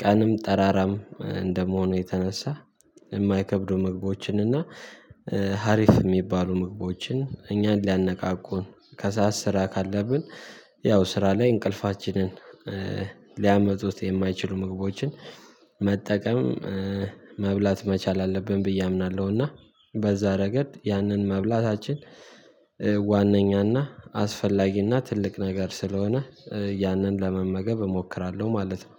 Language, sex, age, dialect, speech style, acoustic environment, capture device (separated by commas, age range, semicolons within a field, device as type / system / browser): Amharic, male, 18-24, Ethiopia - Addis Ababa, happy, silence, mobile / Linux / Chrome